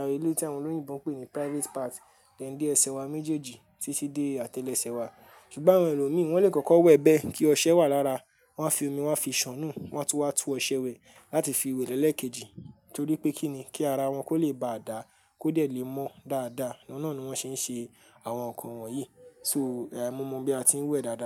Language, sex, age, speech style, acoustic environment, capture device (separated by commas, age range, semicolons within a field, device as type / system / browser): Yoruba, male, 18-24, happy, silence, mobile / Linux / Chrome